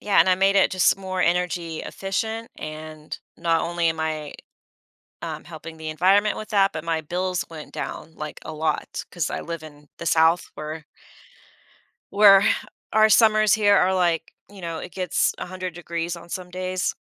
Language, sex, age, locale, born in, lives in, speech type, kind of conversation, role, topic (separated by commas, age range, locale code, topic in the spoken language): English, female, 40-44, en-US, United States, United States, conversation, podcast, guest, What are realistic ways to make sustainable living part of everyday life?